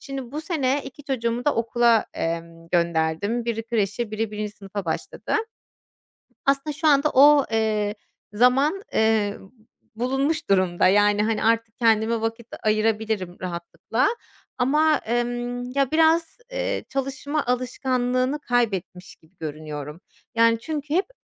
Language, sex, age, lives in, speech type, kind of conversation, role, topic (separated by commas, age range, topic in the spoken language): Turkish, female, 30-34, Germany, conversation, advice, user, Bahanelerimi nasıl aşabilir ve düzenli çalışma alışkanlığı nasıl kazanabilirim?